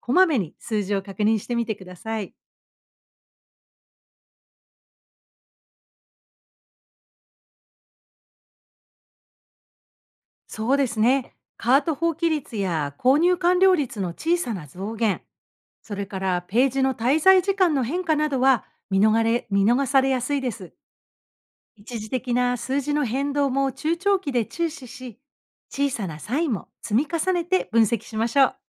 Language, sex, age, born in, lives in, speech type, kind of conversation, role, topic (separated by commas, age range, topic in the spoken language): Japanese, female, 55-59, Japan, United States, read, expert-assistant, expert, 自分のビジネスが最近元気がない気がします。どんなサインに気をつければ、不調の原因が分かりますか？